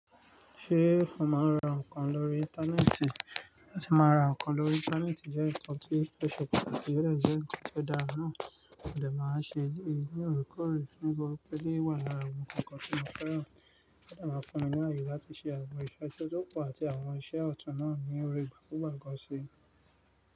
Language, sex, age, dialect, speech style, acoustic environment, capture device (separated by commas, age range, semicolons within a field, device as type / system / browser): Yoruba, male, 35-44, Nigeria - Nigerian Standard, relaxed, nature, mobile / Linux / Chrome